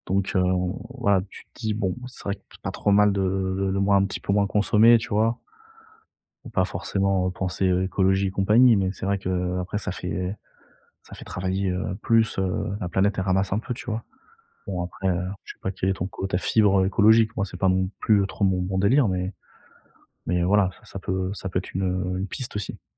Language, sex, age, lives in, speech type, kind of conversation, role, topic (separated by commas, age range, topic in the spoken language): French, male, 30-34, France, conversation, advice, advisor, Comment puis-je économiser pour un achat important sans céder aux achats impulsifs ?